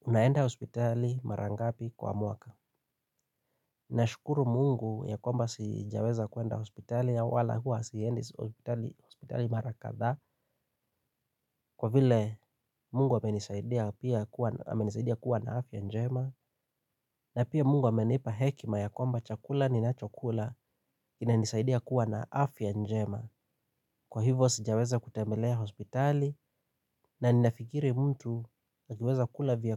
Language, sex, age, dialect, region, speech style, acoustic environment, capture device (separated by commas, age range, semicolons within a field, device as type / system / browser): Swahili, male, 25-34, Kenya - Nairobi Sheng-influenced, Kenya, happy, silence, mobile / Linux / Chrome